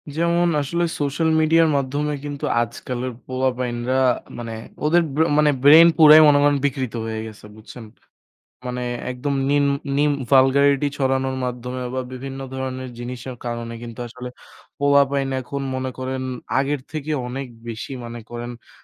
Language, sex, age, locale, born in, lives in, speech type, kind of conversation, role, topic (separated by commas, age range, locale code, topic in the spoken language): Bengali, male, 20-24, bn-BD, Bangladesh, Bangladesh, conversation, unstructured, none, বর্তমান সময়ে সমাজমাধ্যমের প্রভাবকে আপনি কীভাবে দেখছেন?